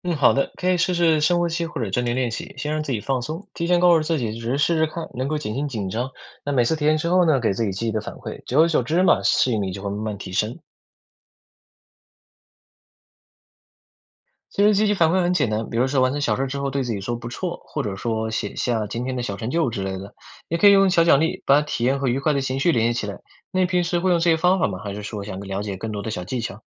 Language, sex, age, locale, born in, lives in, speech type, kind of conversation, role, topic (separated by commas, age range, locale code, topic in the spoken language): Chinese, male, 20-24, zh-CN, China, United States, read, expert-assistant, expert, 人们为什么在旅行中更容易尝试新事物或突破自我？